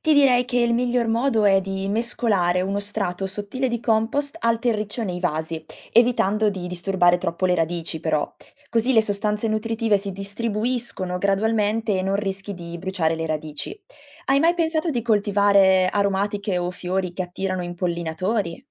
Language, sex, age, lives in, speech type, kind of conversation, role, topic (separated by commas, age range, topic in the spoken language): Italian, female, 20-24, Italy, read, expert-assistant, expert, Quali piccoli cambiamenti pratici posso adottare per rendere il mio orto o giardino più sostenibile?